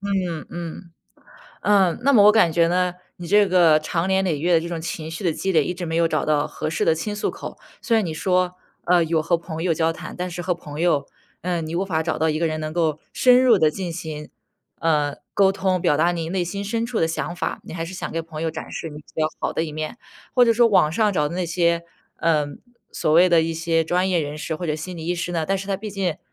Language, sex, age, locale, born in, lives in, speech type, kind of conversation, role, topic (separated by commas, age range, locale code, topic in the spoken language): Chinese, female, 25-29, zh-CN, China, United States, conversation, advice, advisor, 为什么我会反复出现忧郁情绪并且很难自控？